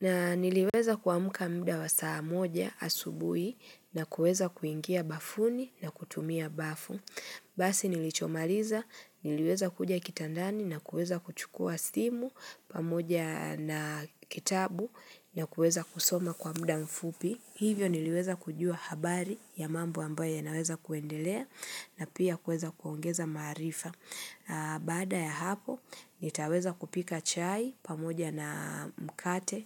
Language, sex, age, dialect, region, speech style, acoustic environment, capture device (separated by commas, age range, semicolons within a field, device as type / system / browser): Swahili, female, 25-34, Kenya - Nairobi Sheng-influenced, Kenya, relaxed; nervous; excited; happy, nature; traffic, mobile / Linux / Chrome